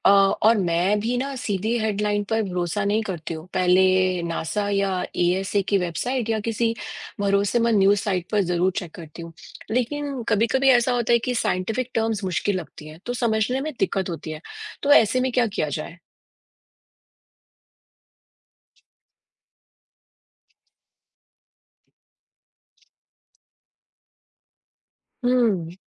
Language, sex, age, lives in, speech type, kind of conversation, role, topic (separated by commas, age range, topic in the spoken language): Hindi, female, 45-49, United States, read, expert-assistant, asker, अगर हमें पता करना हो कि अंतरिक्ष में जीवन की खोज पर सबसे भरोसेमंद जानकारी कहाँ मिल सकती है, तो किन स्रोतों पर ध्यान देना चाहिए?